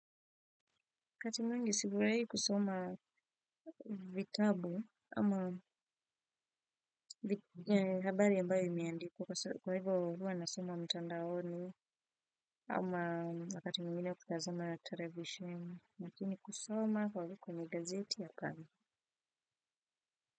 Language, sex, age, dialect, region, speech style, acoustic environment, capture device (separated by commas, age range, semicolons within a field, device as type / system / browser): Swahili, female, 25-34, Kenya - Nairobi Sheng-influenced, Kenya, focused, silence, desktop / Windows / Firefox